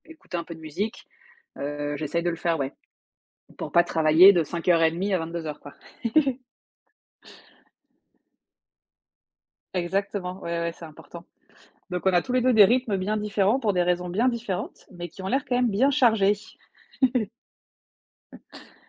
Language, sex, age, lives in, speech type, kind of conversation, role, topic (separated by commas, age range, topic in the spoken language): French, female, 35-39, France, conversation, unstructured, none, Quelles habitudes t’aident à bien commencer ta matinée ?